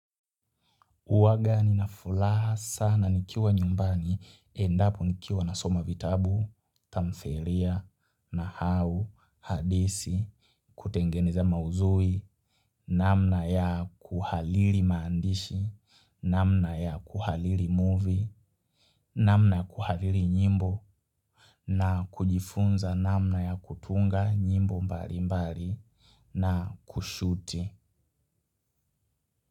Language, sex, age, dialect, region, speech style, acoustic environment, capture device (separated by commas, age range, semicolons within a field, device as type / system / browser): Swahili, male, 18-24, Kenya - Nairobi Sheng-influenced, Kenya, happy, people talking, mobile / Linux / Chrome